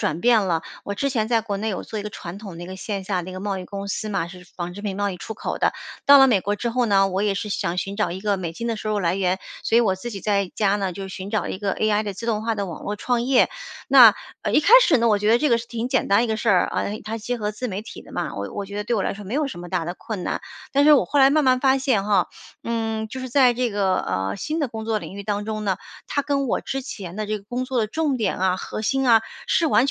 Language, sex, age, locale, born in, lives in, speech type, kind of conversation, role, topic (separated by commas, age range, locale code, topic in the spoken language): Chinese, female, 45-49, zh-CN, China, United States, conversation, advice, user, 我该如何在新工作中尽快适应新团队的工作节奏？